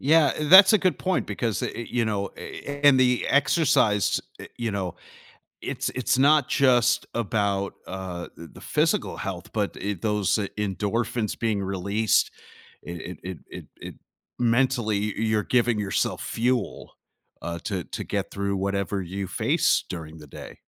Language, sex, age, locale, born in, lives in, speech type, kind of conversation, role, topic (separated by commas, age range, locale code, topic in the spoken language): English, male, 45-49, en-US, United States, United States, conversation, podcast, host, How can simple daily routines make a lasting difference in your happiness?